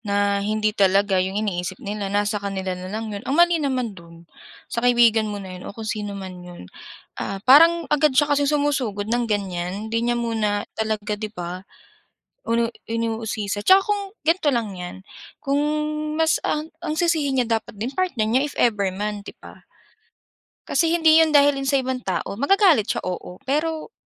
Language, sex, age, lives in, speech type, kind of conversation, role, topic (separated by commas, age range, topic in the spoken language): Filipino, female, 25-29, Philippines, conversation, unstructured, none, Ano ang ginagawa mo kapag nagtatampuhan kayo ng kaibigan mo?